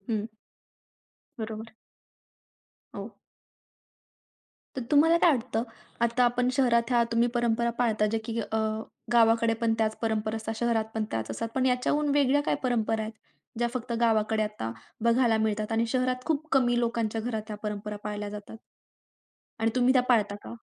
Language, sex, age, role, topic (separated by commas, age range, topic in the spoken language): Marathi, female, 20-24, host, शहरात राहूनही तुम्ही पारंपरिक रूढी-परंपरा कशा जपता?